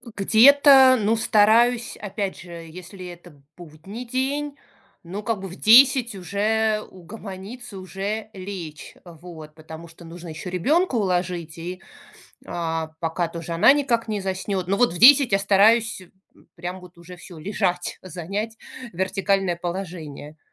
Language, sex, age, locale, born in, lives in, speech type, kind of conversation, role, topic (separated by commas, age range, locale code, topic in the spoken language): Russian, female, 40-44, ru-RU, Russia, United States, conversation, advice, user, Как справиться с тревогой и бессонницей перед важным событием?